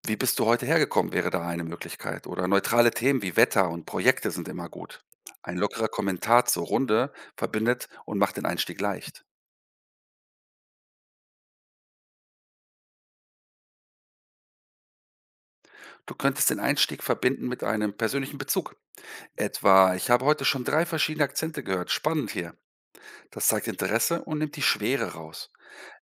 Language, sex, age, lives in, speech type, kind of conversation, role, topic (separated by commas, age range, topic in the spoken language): German, male, 50-54, Germany, read, expert-assistant, expert, Wie kann ich im Alltag besser mit Menschen aus verschiedenen Regionen oder mit unterschiedlichem Sprachstil ins Gespräch kommen?